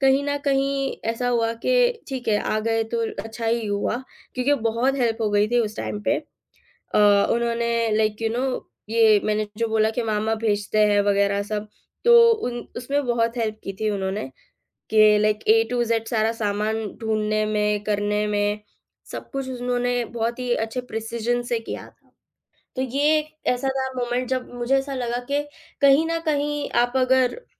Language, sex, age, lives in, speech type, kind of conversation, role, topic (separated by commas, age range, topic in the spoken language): Hindi, female, 18-19, India, conversation, podcast, guest, Ghar pe alag-alag traditions ko tum kaise juggle karte ho?